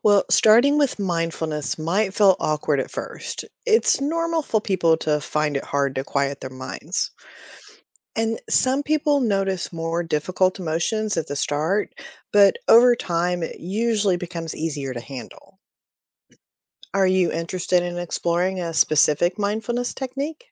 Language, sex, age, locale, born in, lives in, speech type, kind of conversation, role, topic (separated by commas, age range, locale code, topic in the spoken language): English, female, 45-49, en-US, United States, United States, read, expert-assistant, expert, How does mindfulness practice affect stress and well-being?